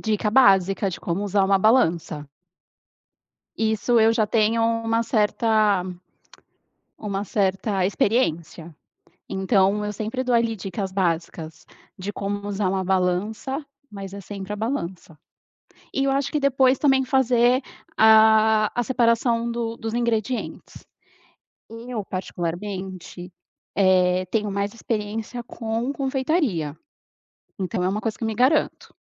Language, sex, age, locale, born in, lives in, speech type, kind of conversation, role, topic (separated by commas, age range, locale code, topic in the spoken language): Portuguese, female, 35-39, pt-BR, Brazil, Portugal, conversation, podcast, guest, Como você ensina essa receita para alguém que nunca cozinhou?